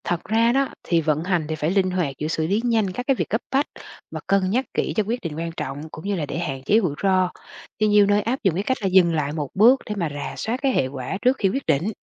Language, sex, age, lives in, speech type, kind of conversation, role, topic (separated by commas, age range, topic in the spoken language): Vietnamese, female, 40-44, Vietnam, read, expert-assistant, expert, Nếu doanh nghiệp là một con người, thì phần vận hành sẽ giống với tính cách nào nhất? Vì sao?